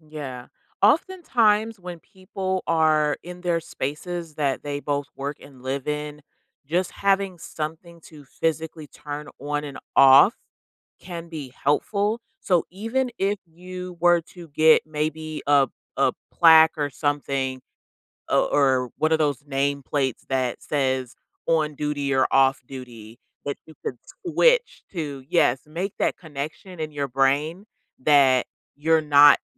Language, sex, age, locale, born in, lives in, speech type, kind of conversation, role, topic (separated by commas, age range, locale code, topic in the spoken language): English, female, 30-34, en-US, United States, United States, conversation, advice, advisor, How can you balance work and your personal life?